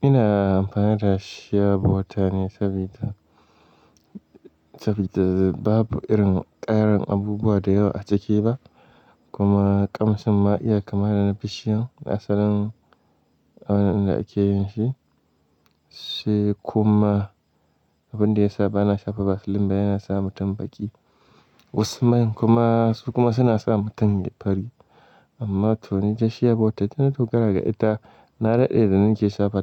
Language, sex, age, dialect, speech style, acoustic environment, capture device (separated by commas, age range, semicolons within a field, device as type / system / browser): Hausa, male, 18-24, Nigeria - Kano, relaxed, silence, mobile / Linux / Chrome